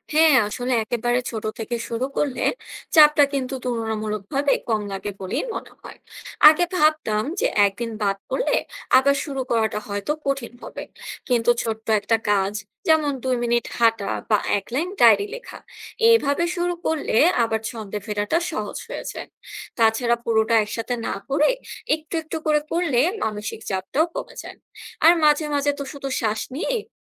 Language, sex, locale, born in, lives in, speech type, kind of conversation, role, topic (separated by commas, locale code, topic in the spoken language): Bengali, female, bn-BD, Bangladesh, Bangladesh, read, expert-assistant, asker, ব্যস্ত শিফটে নার্সদের মানসিক চাপ ও মনোযোগ ধরে রাখার সহজ উপায় কী?